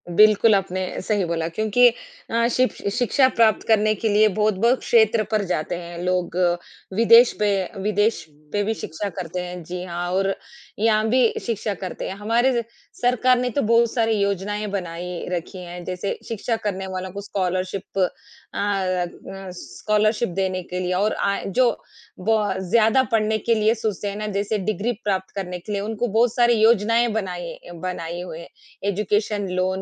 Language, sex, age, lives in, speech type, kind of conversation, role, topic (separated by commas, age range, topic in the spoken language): Hindi, female, 40-44, India, conversation, unstructured, none, शिक्षा आपके सपनों को पूरा करने में कैसे मदद कर सकती है?